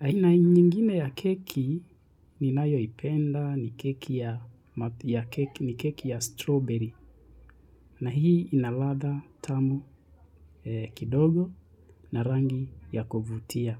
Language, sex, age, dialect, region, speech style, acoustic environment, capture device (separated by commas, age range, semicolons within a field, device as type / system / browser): Swahili, male, 25-34, Kenya - Nairobi Sheng-influenced, Kenya, relaxed, people talking, mobile / Linux / Chrome